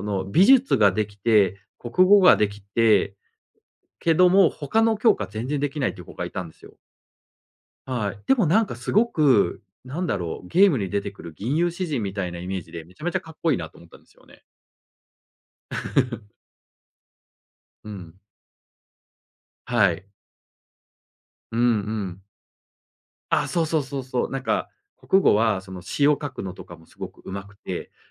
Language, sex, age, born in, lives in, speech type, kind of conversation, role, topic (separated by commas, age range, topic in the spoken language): Japanese, male, 40-44, Japan, Japan, conversation, podcast, guest, 完璧主義をどうやって抑える？